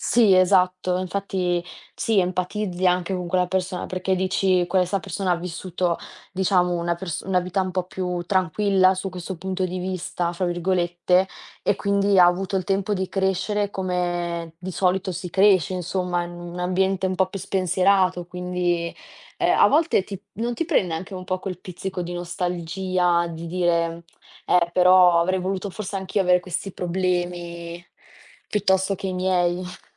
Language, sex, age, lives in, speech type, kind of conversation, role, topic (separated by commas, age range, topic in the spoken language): Italian, female, 20-24, Italy, conversation, unstructured, none, Qual è un ricordo che ti ha aiutato a superare un momento difficile?